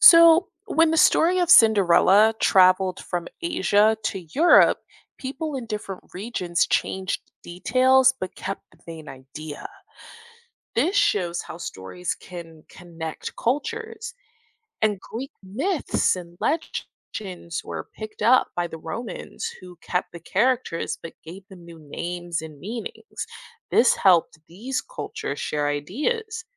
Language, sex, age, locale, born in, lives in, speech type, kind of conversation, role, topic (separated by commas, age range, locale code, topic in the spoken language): English, female, 25-29, en-US, United States, United States, read, expert-assistant, expert, What are some traditional ways of storytelling in different cultures?